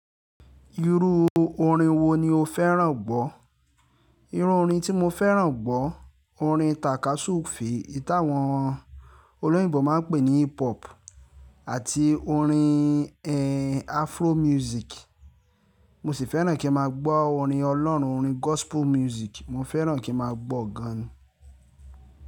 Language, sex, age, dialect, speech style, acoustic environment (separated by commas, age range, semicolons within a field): Yoruba, male, 25-34, Nigeria - Lagos Yoruba, happy, silence